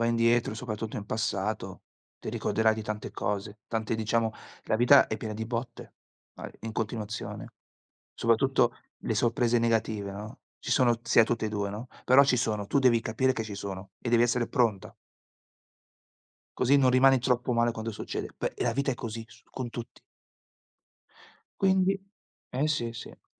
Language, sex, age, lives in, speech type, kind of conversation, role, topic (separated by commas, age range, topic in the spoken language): Italian, male, 40-44, Italy, conversation, unstructured, none, Qual è stata una lezione importante che hai imparato dalla vita?